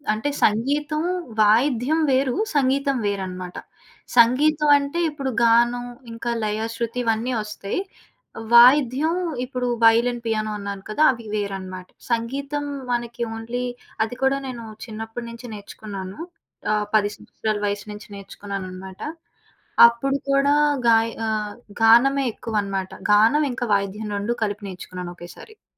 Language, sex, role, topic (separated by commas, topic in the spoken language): Telugu, female, guest, సహకారం మీ కళను ఏ విధంగా మార్చింది?